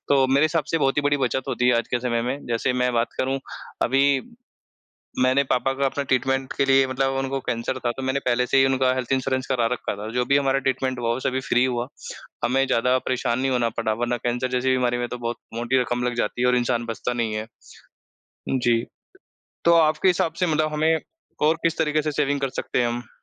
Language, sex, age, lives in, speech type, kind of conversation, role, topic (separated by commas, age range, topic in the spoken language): Hindi, male, 25-29, India, conversation, unstructured, none, पैसे बचाने का सबसे अच्छा तरीका क्या है?